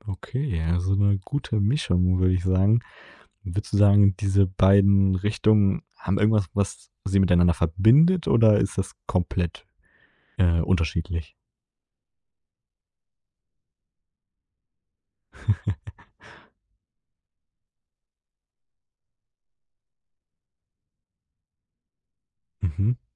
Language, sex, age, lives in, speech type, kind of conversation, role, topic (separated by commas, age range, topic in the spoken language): German, male, 20-24, Germany, conversation, podcast, host, Wie hat deine Herkunft deinen Musikgeschmack geprägt?